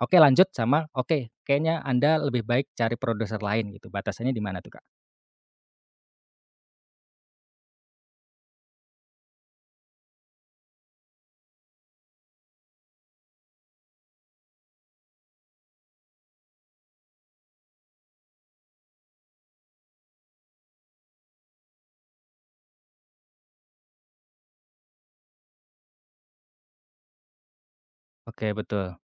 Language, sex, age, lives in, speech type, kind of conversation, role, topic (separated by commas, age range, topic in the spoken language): Indonesian, male, 30-34, Indonesia, conversation, podcast, host, Apa perbedaan antara berkarya untuk diri sendiri dan berkarya untuk orang lain?